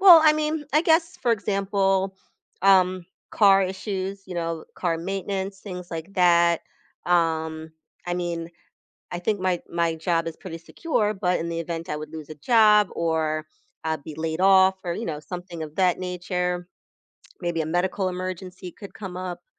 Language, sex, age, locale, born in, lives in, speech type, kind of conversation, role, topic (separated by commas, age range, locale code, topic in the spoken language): English, female, 40-44, en-US, United States, United States, conversation, advice, user, Do I need an emergency fund, and how much should I save?